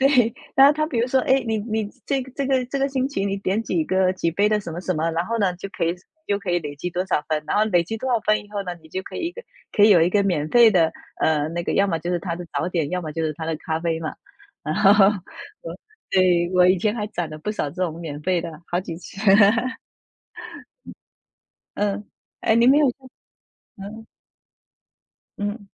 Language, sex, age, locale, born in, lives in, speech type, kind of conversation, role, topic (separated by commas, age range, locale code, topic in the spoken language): Chinese, female, 35-39, zh-TW, China, United States, conversation, unstructured, none, 在咖啡和茶之间，你更喜欢喝哪一种？